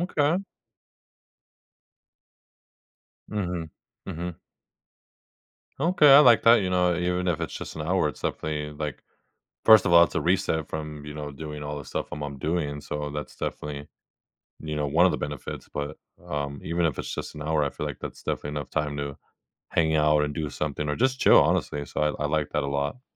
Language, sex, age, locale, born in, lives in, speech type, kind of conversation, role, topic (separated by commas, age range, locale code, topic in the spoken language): English, male, 25-29, en-US, United States, United States, conversation, advice, user, What challenges are you facing in balancing work and your personal life?